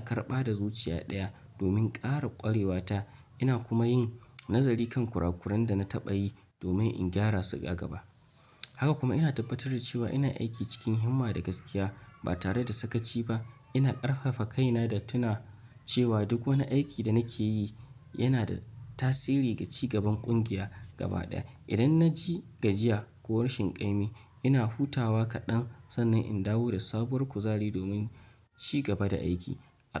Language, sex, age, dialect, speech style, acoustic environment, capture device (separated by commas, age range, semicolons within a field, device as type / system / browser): Hausa, male, 25-34, Nigeria - Nigerian Standard, excited; focused, nature; appliances, mobile / Linux / Chrome